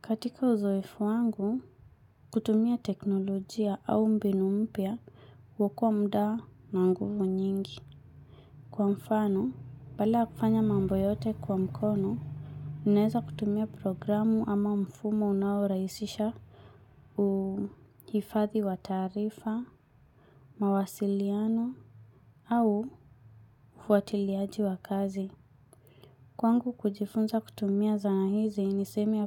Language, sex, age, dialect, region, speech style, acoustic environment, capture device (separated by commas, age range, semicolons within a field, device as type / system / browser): Swahili, female, 25-34, Kenya - Mombasa, Kenya, relaxed, silence, mobile / Linux / Chrome